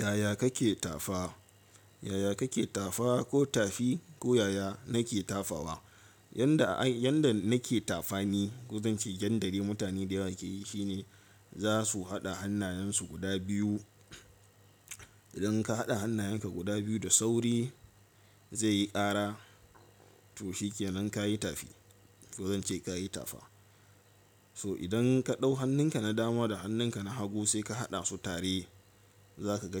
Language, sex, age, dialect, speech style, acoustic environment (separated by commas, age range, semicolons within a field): Hausa, male, 18-24, Nigeria - Katsina, excited; focused, silence